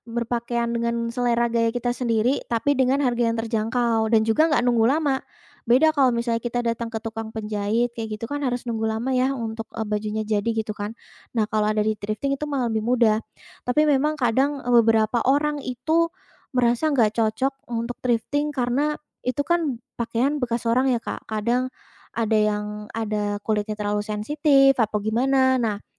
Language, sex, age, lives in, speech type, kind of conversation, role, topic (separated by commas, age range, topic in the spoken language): Indonesian, female, 20-24, Indonesia, conversation, podcast, guest, Apa peran media sosial dalam membentuk selera gaya?